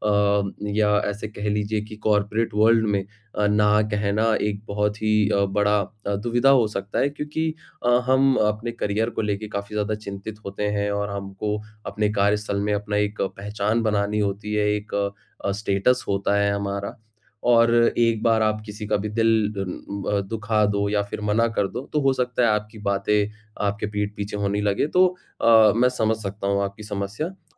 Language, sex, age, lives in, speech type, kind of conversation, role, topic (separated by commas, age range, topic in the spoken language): Hindi, male, 25-29, India, conversation, advice, advisor, मैं काम पर स्वस्थ सीमाएँ कैसे तय कर सकता/सकती हूँ और प्रभावी ढंग से ‘न’ कैसे कह सकता/सकती हूँ?